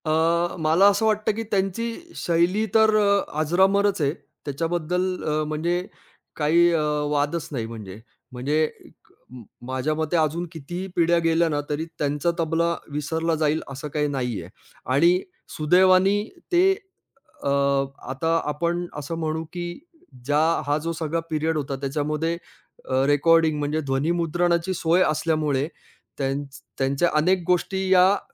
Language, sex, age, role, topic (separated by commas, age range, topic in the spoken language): Marathi, male, 45-49, guest, कोणत्या कलाकाराने तुम्हाला प्रेरणा दिली आहे?